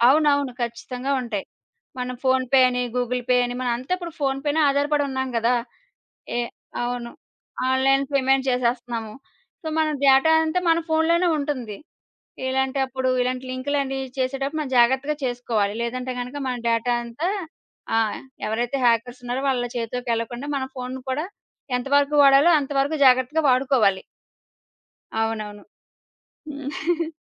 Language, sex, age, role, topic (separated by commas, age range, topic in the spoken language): Telugu, female, 30-34, guest, స్మార్ట్‌ఫోన్ మీ జీవనశైలిని ఎలా మార్చింది?